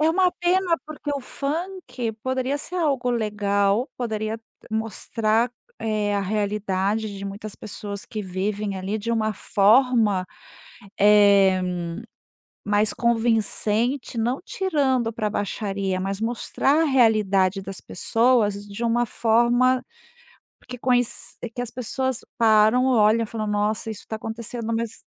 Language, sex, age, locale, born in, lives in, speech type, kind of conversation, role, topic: Portuguese, female, 50-54, pt-BR, Brazil, Spain, conversation, podcast, host, Que música te conecta às suas raízes culturais?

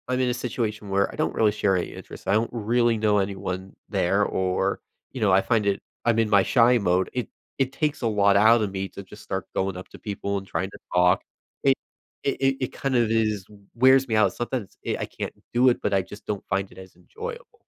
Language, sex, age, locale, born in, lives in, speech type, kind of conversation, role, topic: English, male, 20-24, en-US, United States, United States, conversation, podcast, guest, How do shared interests help people form meaningful connections?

